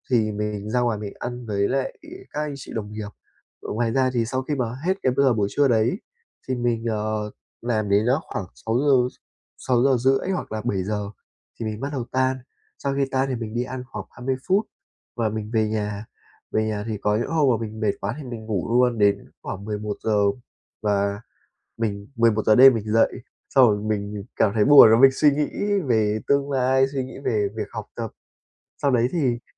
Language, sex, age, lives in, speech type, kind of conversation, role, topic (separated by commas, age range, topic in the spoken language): Vietnamese, male, 20-24, Vietnam, conversation, advice, user, Làm sao để tìm lại động lực dài hạn và biết mình nên nỗ lực vì điều gì?